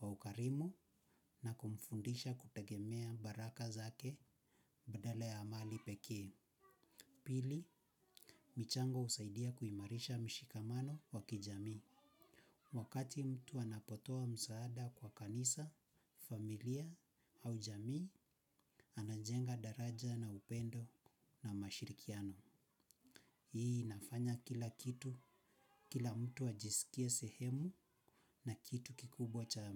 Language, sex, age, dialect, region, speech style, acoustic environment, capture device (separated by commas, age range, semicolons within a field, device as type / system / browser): Swahili, male, 25-34, Kenya - Nairobi Sheng-influenced, Kenya, happy, silence, mobile / Linux / Chrome